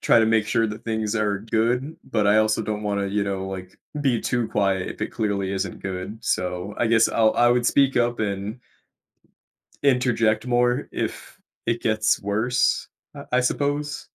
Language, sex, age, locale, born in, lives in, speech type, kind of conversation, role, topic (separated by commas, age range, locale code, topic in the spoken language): English, male, 25-29, en-US, United States, United States, conversation, advice, user, How do I decide whether to rebuild trust or leave after my partner betrayed me?